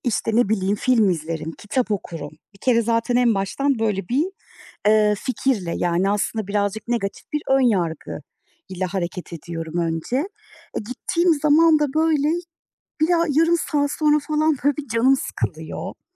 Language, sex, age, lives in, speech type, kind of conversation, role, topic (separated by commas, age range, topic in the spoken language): Turkish, female, 35-39, Germany, conversation, advice, user, Sosyal etkinliklerde enerjimi nasıl daha iyi yönetip tükenmeyi önleyebilirim?